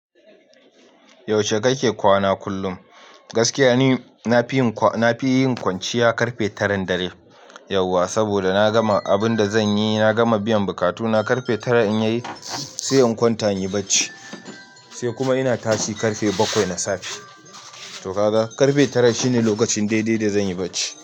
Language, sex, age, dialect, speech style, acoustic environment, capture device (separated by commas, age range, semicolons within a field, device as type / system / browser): Hausa, male, 25-34, Nigeria - Nigerian Standard, happy, silence, mobile / Linux / Chrome